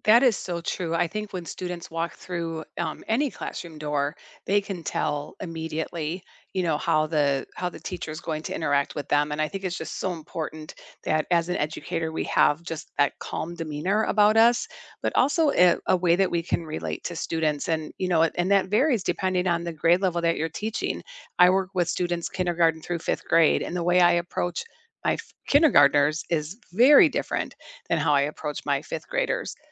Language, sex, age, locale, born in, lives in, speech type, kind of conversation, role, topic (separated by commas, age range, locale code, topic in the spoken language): English, female, 55-59, en-US, United States, United States, conversation, unstructured, none, How can teachers inspire students?